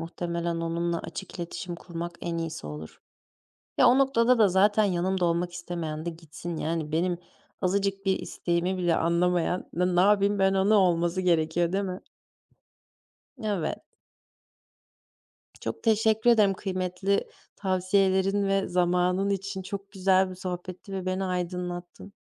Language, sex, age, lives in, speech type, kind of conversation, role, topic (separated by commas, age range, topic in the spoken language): Turkish, female, 20-24, Germany, conversation, advice, user, Güven eksikliği ve yeni başlangıç kaygısıyla kariyerimde doğru zamanda adım atıyor muyum?